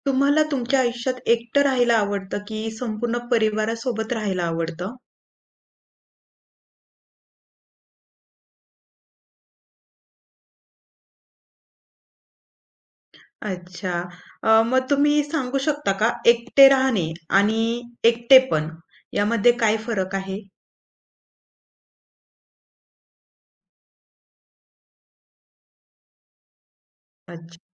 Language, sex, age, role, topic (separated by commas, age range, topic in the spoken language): Marathi, female, 25-29, host, एकटेपणाची सूचक लक्षणं कशी ओळखशील?